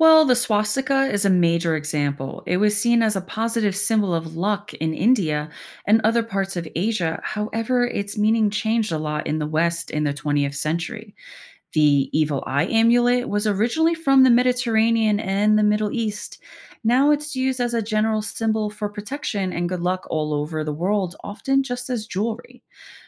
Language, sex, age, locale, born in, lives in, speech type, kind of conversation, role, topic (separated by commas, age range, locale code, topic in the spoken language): English, female, 40-44, en-US, United States, United States, read, expert-assistant, expert, What are common symbols of luck in different cultures?